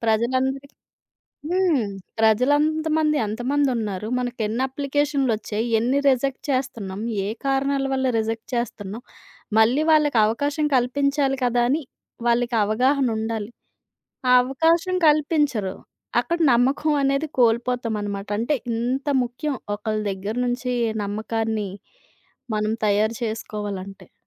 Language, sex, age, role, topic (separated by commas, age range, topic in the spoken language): Telugu, female, 30-34, guest, మాటల ద్వారా నమ్మకాన్ని ఎలా నిర్మిస్తారు?